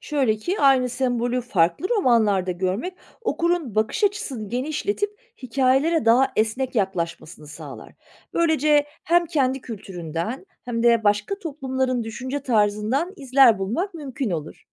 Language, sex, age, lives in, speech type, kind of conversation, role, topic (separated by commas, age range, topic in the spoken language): Turkish, female, 40-44, Germany, read, expert-assistant, expert, İki farklı yazarın benzer hikayelerini okurken hangi soruları sormak daha çok şey öğrenmemi sağlar?